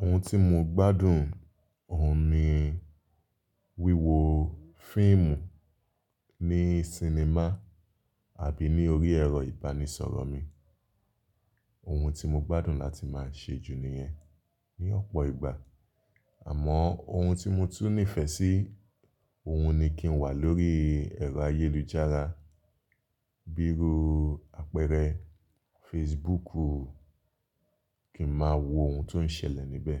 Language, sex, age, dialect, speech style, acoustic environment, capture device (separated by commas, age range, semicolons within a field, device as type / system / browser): Yoruba, male, 25-34, Nigeria - Lagos Yoruba, focused, nature, mobile / Linux / Chrome